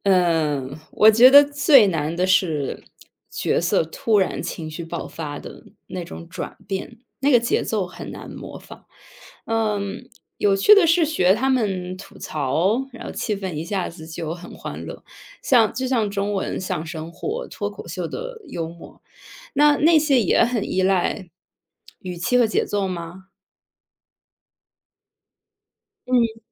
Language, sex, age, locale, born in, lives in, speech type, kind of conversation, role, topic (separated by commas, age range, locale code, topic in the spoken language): Chinese, female, 30-34, zh-CN, China, United States, read, expert-assistant, asker, 用什么方法能直接体验不同语言的幽默和表达方式？